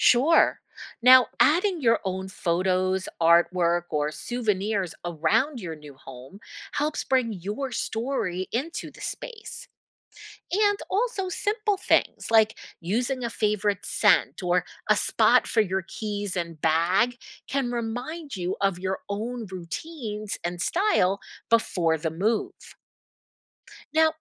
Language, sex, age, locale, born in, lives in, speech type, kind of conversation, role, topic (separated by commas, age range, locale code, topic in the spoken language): English, female, 50-54, en-US, United States, United States, read, expert-assistant, expert, What challenges do people face when relocating?